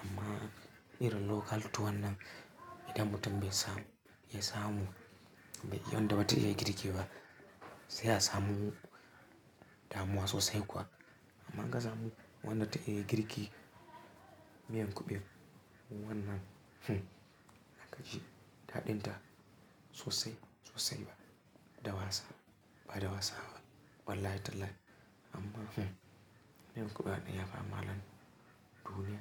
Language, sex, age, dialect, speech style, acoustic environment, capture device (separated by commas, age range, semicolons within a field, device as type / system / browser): Hausa, male, 18-24, Nigeria - Kano, happy; focused; excited, silence, mobile / Linux / Chrome